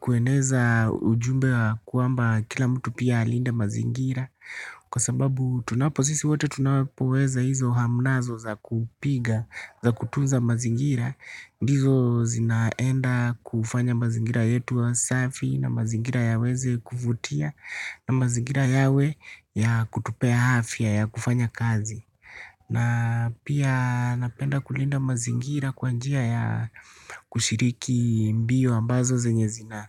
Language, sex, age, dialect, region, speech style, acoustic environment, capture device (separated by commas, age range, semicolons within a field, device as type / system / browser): Swahili, male, 25-34, Kenya - Nairobi Sheng-influenced, Kenya, relaxed; excited; happy, silence, desktop / Linux / Chrome